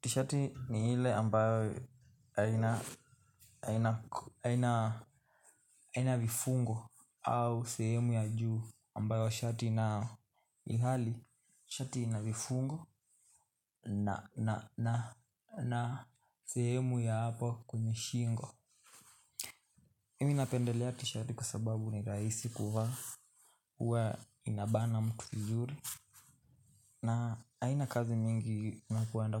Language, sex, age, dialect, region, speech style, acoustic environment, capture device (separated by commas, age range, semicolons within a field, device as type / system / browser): Swahili, male, 18-24, Kenya - Nairobi Sheng-influenced, Kenya, tired, nature, mobile / Linux / Chrome